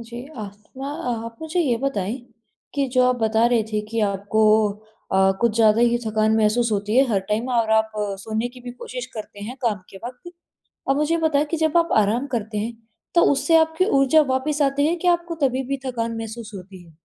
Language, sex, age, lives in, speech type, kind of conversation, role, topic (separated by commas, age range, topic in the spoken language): Hindi, female, 20-24, India, conversation, advice, advisor, आराम करने पर भी ठीक न होने वाली थकान की पहचान कैसे करें?